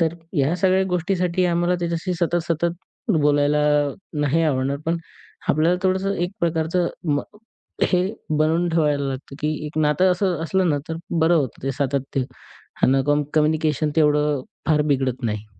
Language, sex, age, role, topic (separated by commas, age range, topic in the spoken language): Marathi, male, 20-24, guest, संवादात सातत्य ठेवणं का गरजेचं आहे?